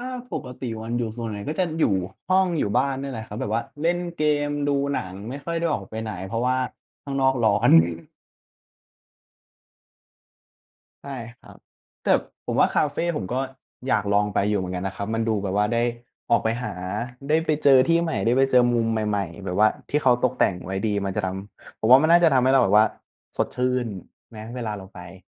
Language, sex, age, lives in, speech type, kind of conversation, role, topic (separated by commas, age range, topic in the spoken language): Thai, male, 20-24, Thailand, conversation, unstructured, none, คุณชอบทำกิจกรรมอะไรมากที่สุดในเวลาว่าง?